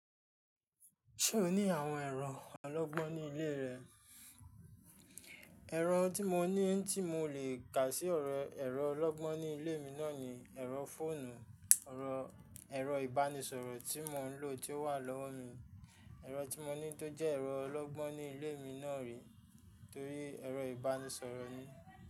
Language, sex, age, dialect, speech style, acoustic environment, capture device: Yoruba, male, 25-34, Nigeria - Ijebu, stressed, people talking, mobile / Linux / Chrome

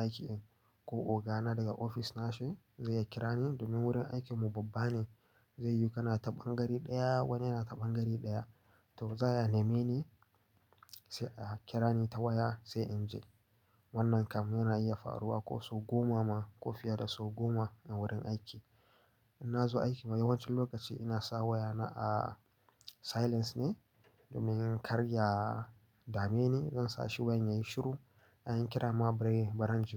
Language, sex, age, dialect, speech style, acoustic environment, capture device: Hausa, male, 18-24, Nigeria - Nigerian Standard, focused, nature, mobile / Linux / Chrome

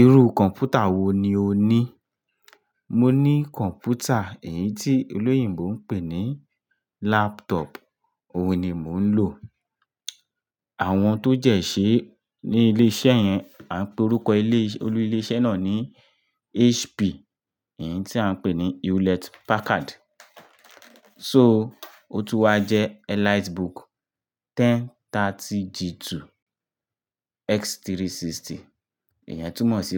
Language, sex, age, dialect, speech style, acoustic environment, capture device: Yoruba, male, 25-34, Nigeria - Lagos Yoruba, happy; relaxed, nature, mobile / Linux / Chrome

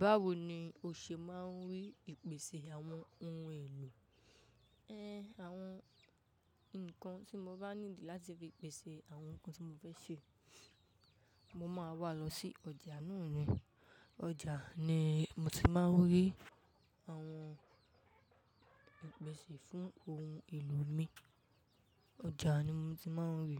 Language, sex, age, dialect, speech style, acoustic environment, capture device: Yoruba, female, 18-24, Nigeria - Ibadan, nervous, people talking, mobile / macOS / Safari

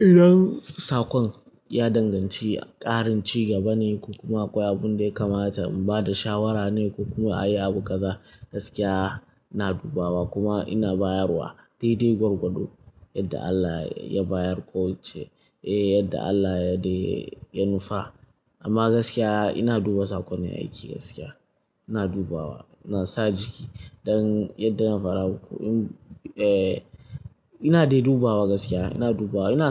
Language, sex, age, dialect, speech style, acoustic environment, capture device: Hausa, male, 18-24, Nigeria - Kano, tired, music, mobile / Linux / Chrome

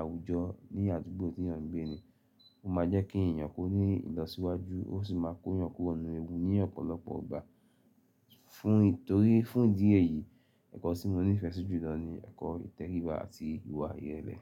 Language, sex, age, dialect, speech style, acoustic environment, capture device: Yoruba, male, 35-44, Nigeria - Lagos Yoruba, happy, silence, mobile / Linux / Chrome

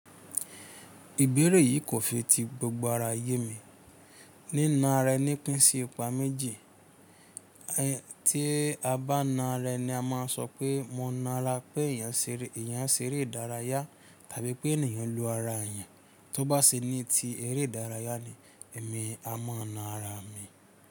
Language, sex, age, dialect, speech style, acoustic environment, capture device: Yoruba, male, 25-34, Nigeria - Ibadan, tired, nature, mobile / Linux / Chrome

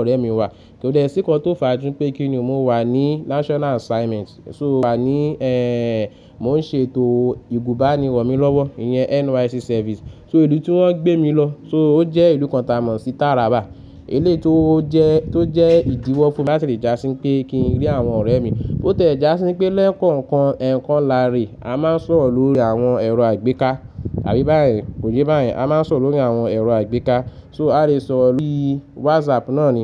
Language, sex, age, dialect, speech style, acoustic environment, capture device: Yoruba, male, 25-34, Nigeria - Lagos Yoruba, happy, nature, mobile / Linux / Chrome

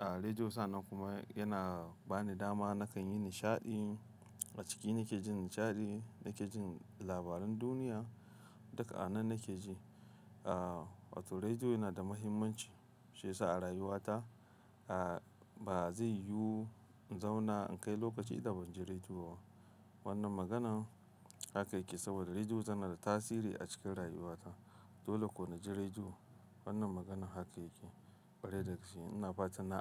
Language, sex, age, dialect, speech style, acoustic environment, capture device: Hausa, male, 25-34, Nigeria - Kano, happy, traffic, mobile / Linux / Chrome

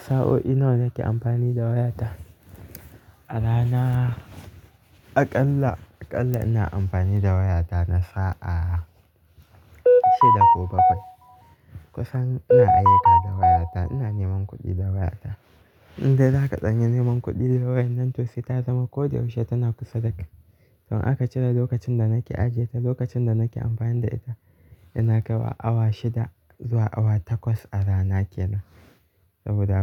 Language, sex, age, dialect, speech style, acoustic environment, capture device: Hausa, male, 18-24, Nigeria - Kano, happy; relaxed; focused, silence; nature, mobile / Linux / Chrome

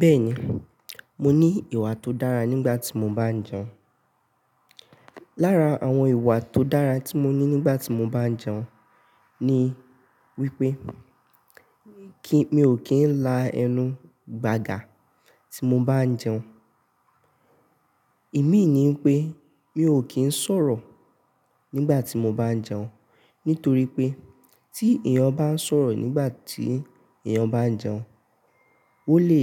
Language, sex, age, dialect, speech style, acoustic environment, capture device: Yoruba, male, 18-24, Nigeria - Nigerian Standard, focused; stressed, appliances; nature, mobile / Linux / Chrome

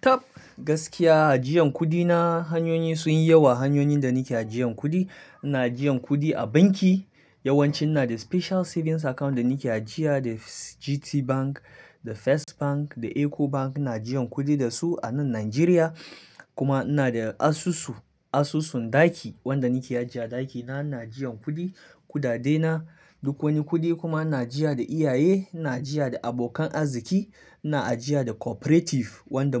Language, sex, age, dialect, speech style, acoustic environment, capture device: Hausa, male, 25-34, Nigeria - Kano, relaxed, silence, mobile / Linux / Chrome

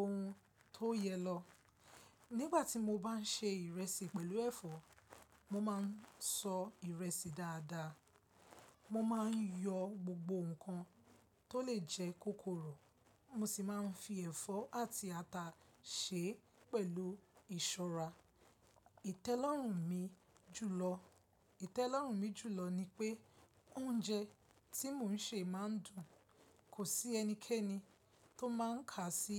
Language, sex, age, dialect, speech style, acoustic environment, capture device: Yoruba, female, 25-34, Nigeria - Lagos Yoruba, focused, silence, mobile / Linux / Chrome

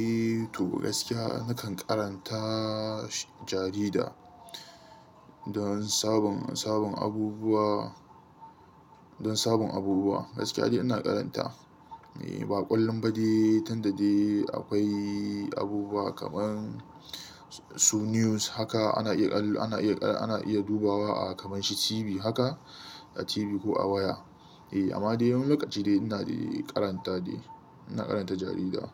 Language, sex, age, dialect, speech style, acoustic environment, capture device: Hausa, male, 25-34, Nigeria - Kano, happy, silence, mobile / Linux / Chrome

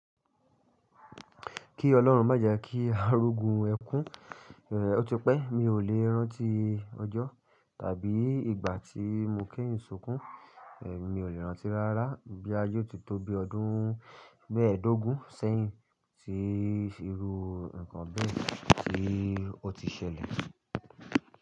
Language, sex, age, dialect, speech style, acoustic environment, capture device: Yoruba, male, 25-34, Nigeria - Ibadan, focused; tired, silence, mobile / Linux / Chrome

